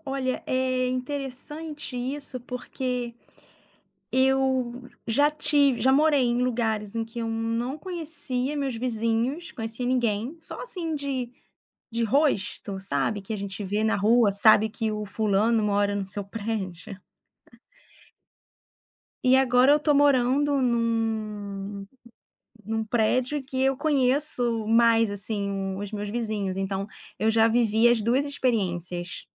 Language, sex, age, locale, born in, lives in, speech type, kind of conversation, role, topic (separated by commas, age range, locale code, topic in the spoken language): Portuguese, female, 35-39, pt-BR, Brazil, France, conversation, podcast, guest, Como se constrói confiança entre vizinhos numa comunidade?